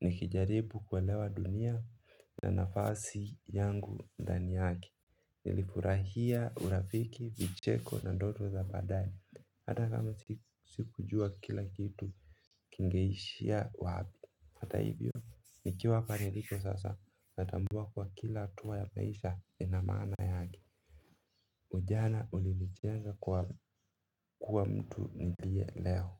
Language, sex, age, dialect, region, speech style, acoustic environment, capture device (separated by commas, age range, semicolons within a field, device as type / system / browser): Swahili, male, 25-34, Kenya - Mombasa, Kenya, relaxed, silence, mobile / Linux / Chrome